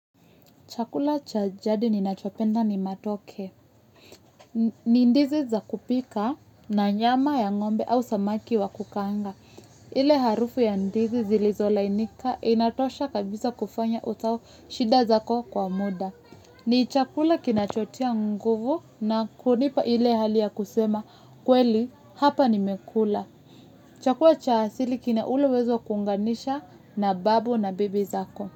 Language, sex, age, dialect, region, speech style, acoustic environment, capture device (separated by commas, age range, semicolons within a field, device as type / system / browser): Swahili, female, 25-34, Kenya - Nairobi Sheng-influenced, Kenya, relaxed, nature, mobile / Linux / Chrome